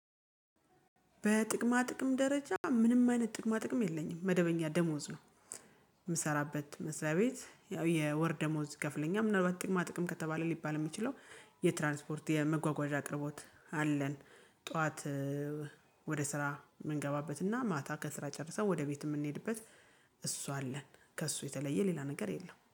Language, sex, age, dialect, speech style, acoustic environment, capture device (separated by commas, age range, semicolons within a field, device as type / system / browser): Amharic, female, 35-44, Ethiopia - Gojjam, happy, music, mobile / Linux / Chrome